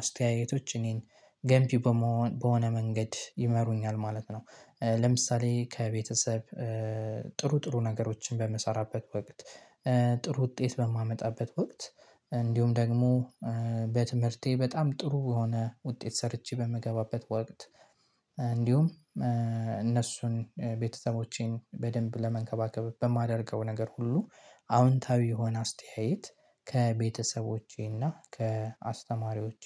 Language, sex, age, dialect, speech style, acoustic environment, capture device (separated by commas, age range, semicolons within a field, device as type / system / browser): Amharic, male, 18-24, Ethiopia - Gojjam, relaxed; nervous; excited; happy, silence, mobile / Linux / Chrome